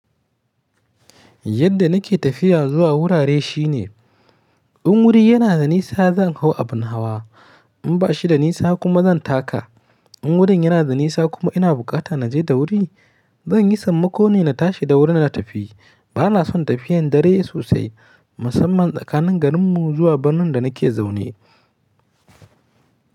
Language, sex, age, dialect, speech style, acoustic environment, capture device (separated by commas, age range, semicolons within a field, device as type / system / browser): Hausa, male, 25-34, Nigeria - Kano, happy, nature; silence, mobile / Linux / Chrome